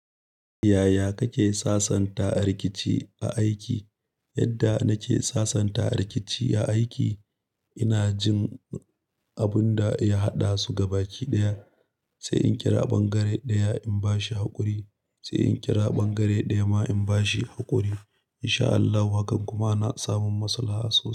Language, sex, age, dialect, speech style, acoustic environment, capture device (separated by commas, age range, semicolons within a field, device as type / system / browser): Hausa, male, 25-34, Nigeria - Nigerian Standard, happy, silence, mobile / Linux / Chrome